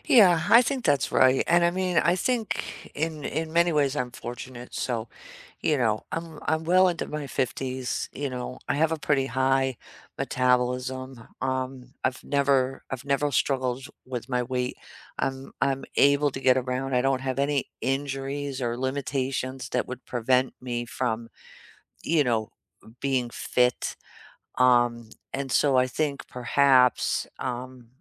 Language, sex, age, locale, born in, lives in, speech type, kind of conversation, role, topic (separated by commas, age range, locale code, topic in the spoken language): English, female, 55-59, en-US, United States, United States, conversation, unstructured, none, What are your favorite joyful ways to move your body?